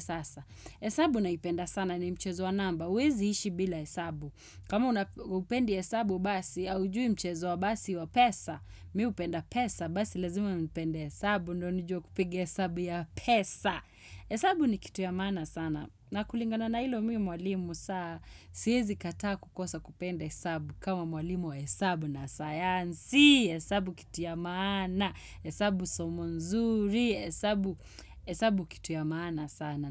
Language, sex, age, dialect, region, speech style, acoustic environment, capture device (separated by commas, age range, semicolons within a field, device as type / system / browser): Swahili, female, 25-34, Kenya - Nairobi Sheng-influenced, Kenya, relaxed, nature, desktop / Windows / Chrome